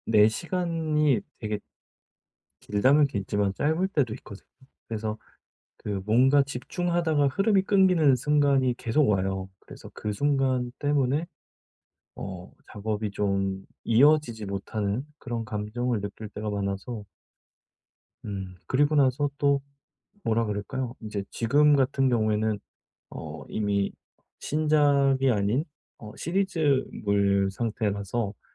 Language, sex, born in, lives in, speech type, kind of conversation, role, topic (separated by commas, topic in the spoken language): Korean, male, South Korea, South Korea, conversation, advice, user, 창작 시간과 약속 균형 맞추기